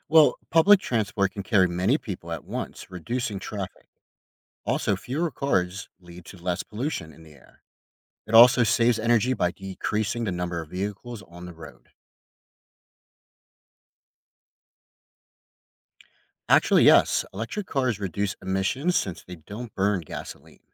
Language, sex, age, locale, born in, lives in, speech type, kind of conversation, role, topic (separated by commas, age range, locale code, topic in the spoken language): English, male, 30-34, en-US, United States, United States, read, expert-assistant, expert, What makes a city sustainable?